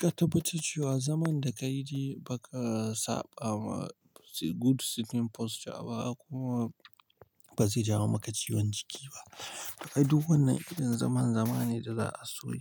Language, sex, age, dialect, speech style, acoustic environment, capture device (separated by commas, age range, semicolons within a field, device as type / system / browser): Hausa, male, 25-34, Nigeria - Pidgin-influenced, relaxed; tired; stressed; focused; nervous; anxious, nature, mobile / Linux / Chrome